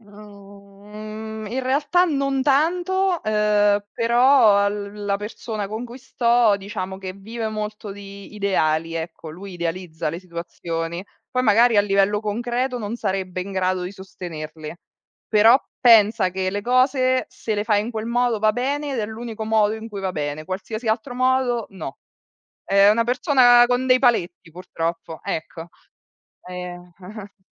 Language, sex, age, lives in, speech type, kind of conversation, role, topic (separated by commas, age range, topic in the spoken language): Italian, female, 35-39, Italy, conversation, unstructured, none, Quali piccoli gesti possono migliorare una relazione?